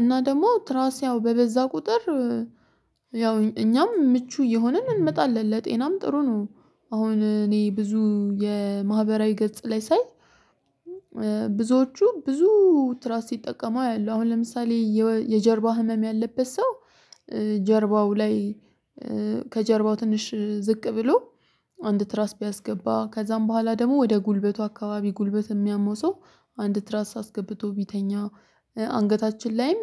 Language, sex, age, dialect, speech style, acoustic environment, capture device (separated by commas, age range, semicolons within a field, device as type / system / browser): Amharic, female, 25-34, Ethiopia - Addis Ababa, excited; focused, silence, mobile / Linux / Chrome